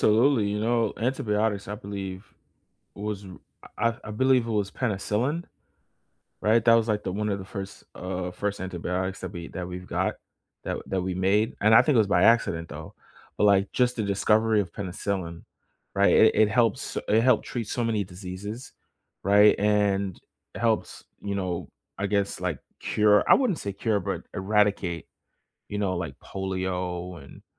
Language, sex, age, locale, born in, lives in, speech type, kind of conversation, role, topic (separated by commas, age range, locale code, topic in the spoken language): English, male, 25-29, en-US, United States, United States, conversation, unstructured, none, What do you think is the most important invention in history?